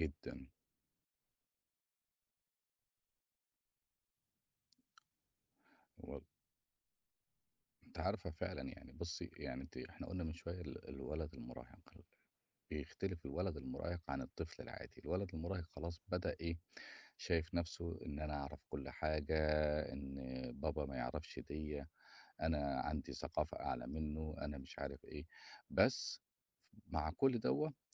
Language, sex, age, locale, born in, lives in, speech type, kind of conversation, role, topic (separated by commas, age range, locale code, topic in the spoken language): Arabic, male, 45-49, ar-EG, Egypt, Portugal, conversation, podcast, guest, إزاي بتوازن بين الشغل وتربية الأولاد؟